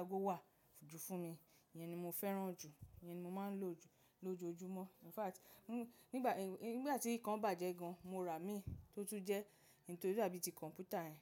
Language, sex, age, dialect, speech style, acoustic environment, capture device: Yoruba, female, 35-44, Nigeria - Lagos Yoruba, happy, nature, mobile / macOS / Safari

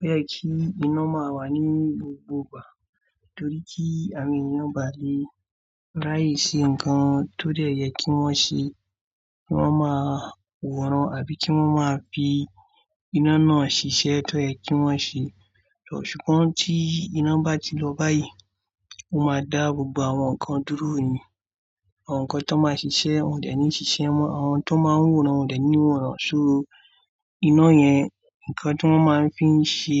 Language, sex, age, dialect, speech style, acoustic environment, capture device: Yoruba, male, 25-34, Nigeria - Kano, relaxed, silence, mobile / Android / Chrome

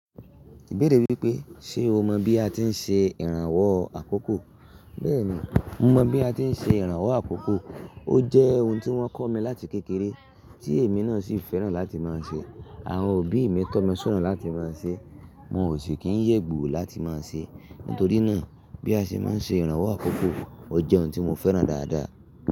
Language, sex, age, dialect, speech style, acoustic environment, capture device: Yoruba, male, 25-34, Nigeria - Ibadan, happy; excited; relaxed, silence; nature, mobile / Linux / Chrome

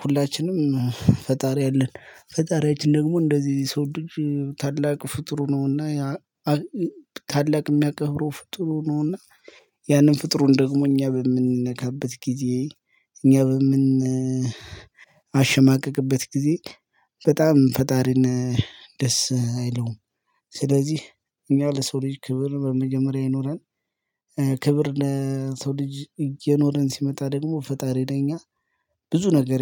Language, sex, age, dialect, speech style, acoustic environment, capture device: Amharic, male, 25-34, Ethiopia - Gojjam, happy, silence, mobile / Linux / Chrome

